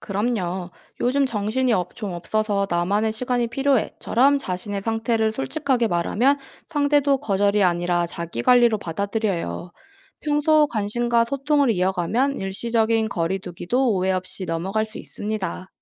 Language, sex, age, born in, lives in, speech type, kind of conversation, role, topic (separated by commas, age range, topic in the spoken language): Korean, female, 25-29, South Korea, South Korea, read, expert-assistant, expert, 친구랑 의견이 다를 때, 서로 기분 안 상하게 대화하려면 어떤 연습이 필요할까요?